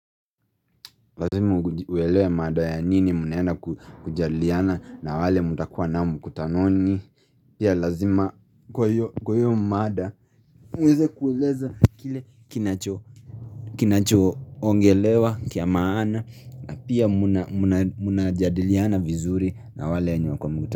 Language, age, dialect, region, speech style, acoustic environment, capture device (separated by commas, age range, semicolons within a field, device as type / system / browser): Swahili, 25-34, Kenya - Nairobi Sheng-influenced, Kenya, relaxed, silence, mobile / Linux / Chrome